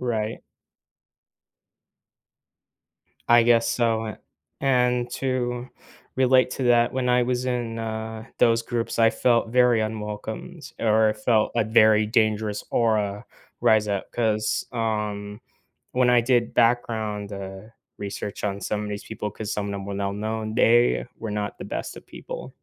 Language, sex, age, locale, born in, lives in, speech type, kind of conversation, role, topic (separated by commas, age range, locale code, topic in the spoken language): English, male, 18-19, en-US, United States, United States, conversation, podcast, guest, What are some effective ways communities can create a welcoming environment for everyone?